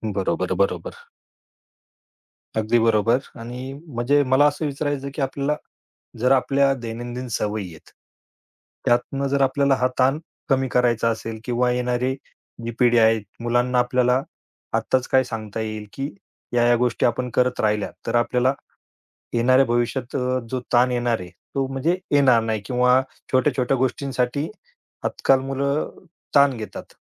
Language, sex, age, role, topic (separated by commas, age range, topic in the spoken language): Marathi, male, 35-39, host, तुम्हाला तणाव आला की तुम्ही सर्वप्रथम काय करता?